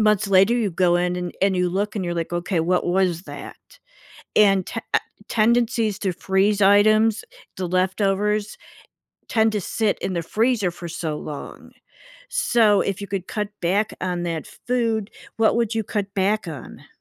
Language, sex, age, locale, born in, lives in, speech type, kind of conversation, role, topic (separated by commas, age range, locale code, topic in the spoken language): English, female, 55-59, en-US, United States, United States, conversation, advice, advisor, How can I quickly lower my monthly bills without making major lifestyle sacrifices?